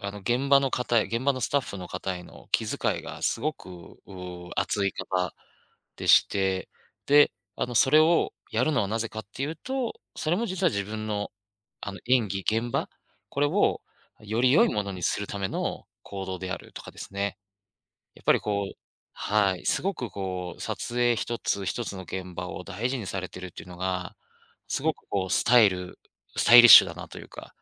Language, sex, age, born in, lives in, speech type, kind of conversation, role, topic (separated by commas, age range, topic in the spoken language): Japanese, male, 35-39, Japan, Japan, conversation, podcast, guest, 尊敬するファッションアイコンは誰？